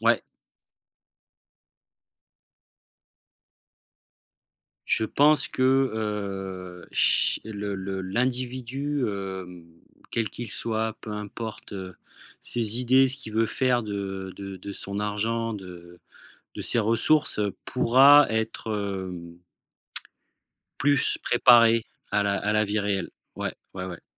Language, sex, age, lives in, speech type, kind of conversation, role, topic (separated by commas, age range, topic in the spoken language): French, male, 40-44, France, conversation, podcast, guest, Comment l’école pourrait-elle mieux préparer les élèves à la vie réelle ?